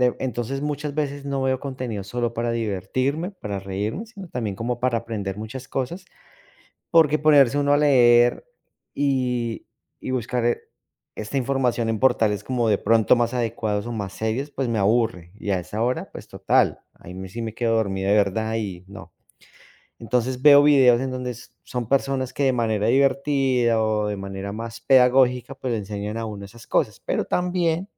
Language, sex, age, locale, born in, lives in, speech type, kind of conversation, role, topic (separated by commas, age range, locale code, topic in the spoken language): Spanish, male, 40-44, es-CO, Colombia, United States, conversation, advice, user, ¿Cómo puedo desconectarme del celular por la noche antes de dormir?